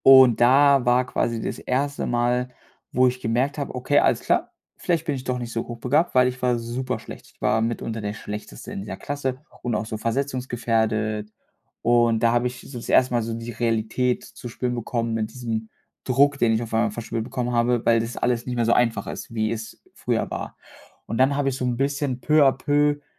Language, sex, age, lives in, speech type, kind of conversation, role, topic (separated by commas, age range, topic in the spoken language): German, male, 25-29, Germany, conversation, advice, user, Wie kann ich meinen Perfektionismus stoppen, der mich beim Handeln blockiert?